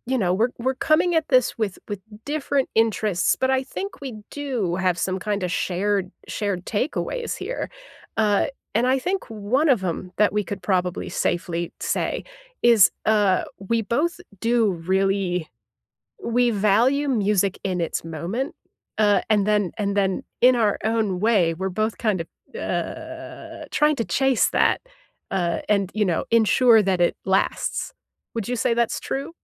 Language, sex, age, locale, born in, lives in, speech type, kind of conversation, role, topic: English, female, 30-34, en-US, United States, United States, conversation, unstructured, none, What's the last song you replayed, and why did it stick with you?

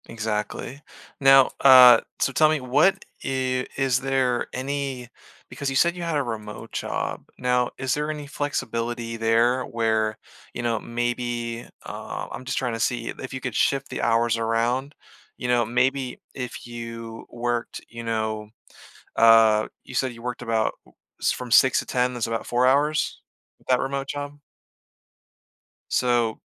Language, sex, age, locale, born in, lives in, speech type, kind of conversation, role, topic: English, male, 30-34, en-US, Germany, United States, conversation, advice, advisor, How can I reduce overwhelm and establish a healthier work-life balance?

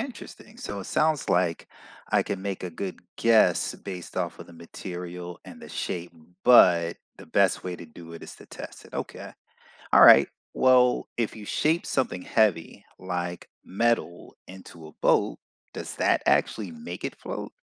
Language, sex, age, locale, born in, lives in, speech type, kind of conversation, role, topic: English, male, 45-49, en-US, United States, United States, read, expert-assistant, asker, What determines whether an object floats or sinks in water?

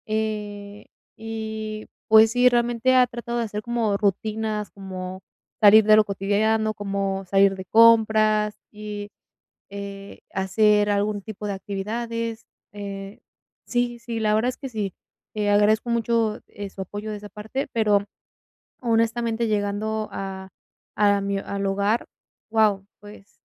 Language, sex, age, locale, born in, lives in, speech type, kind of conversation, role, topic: Spanish, female, 20-24, es-MX, Mexico, Mexico, conversation, advice, user, ¿Cómo puedo sentirme en casa aunque viva lejos?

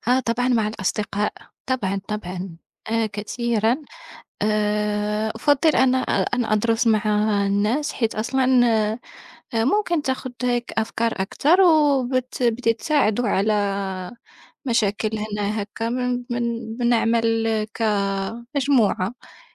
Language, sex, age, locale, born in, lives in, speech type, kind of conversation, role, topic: Arabic, female, 25-29, ar-MA, Morocco, France, conversation, unstructured, none, إيه اللي بيخليك تحس بالسعادة وإنت بتذاكر؟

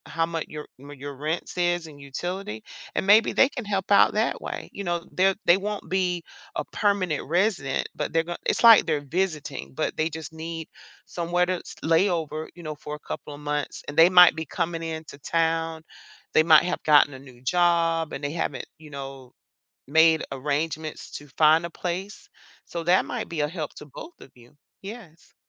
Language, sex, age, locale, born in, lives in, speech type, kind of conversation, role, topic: English, female, 50-54, en-US, United States, United States, conversation, advice, advisor, How can I break my lease early with minimal penalties?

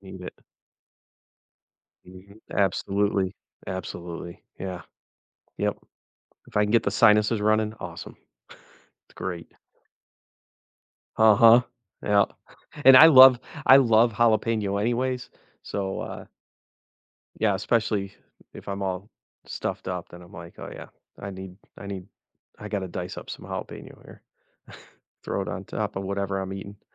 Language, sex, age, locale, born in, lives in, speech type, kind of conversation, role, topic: English, male, 50-54, en-US, United States, United States, conversation, unstructured, none, What routines help you recover more quickly after a cold or minor illness?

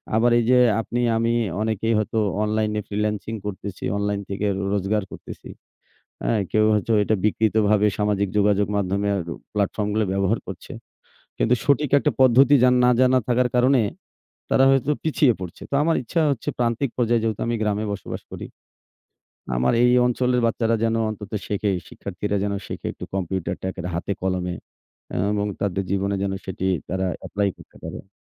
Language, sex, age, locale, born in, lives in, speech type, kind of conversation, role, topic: Bengali, male, 40-44, bn-BD, Bangladesh, Bangladesh, conversation, unstructured, none, আপনার স্বপ্ন পূরণের পথে সবচেয়ে বড় চ্যালেঞ্জটি কী?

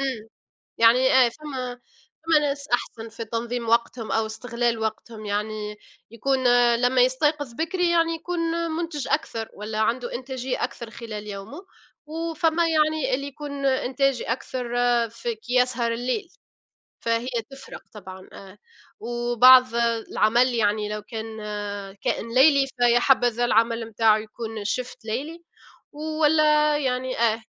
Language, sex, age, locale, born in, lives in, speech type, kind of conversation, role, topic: Arabic, female, 25-29, ar-TN, Tunisia, United States, conversation, unstructured, none, إنت بتفضل تصحى بدري ولا تسهر بالليل، وليه؟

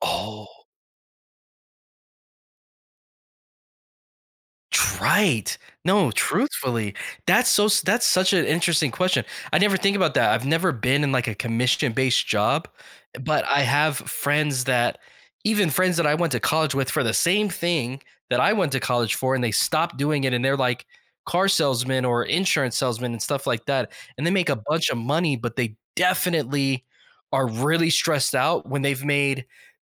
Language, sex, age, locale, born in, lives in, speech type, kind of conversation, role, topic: English, male, 35-39, en-US, United States, United States, conversation, podcast, guest, What practical steps can help you create and stick to a realistic budget?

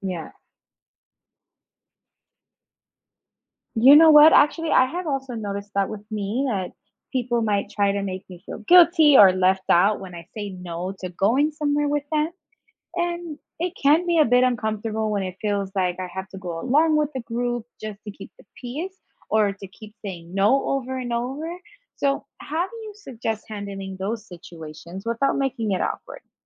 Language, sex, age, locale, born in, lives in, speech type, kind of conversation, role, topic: English, female, 25-29, en-US, United States, United States, read, expert-assistant, asker, How can you recognize and respond to peer pressure?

